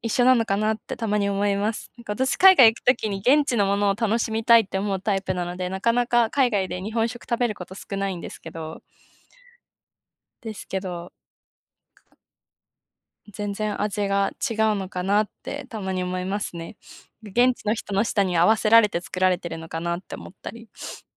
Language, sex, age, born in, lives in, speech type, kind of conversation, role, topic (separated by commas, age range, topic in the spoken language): Japanese, female, 20-24, Japan, Japan, conversation, unstructured, none, 好きな料理にまつわる思い出はありますか？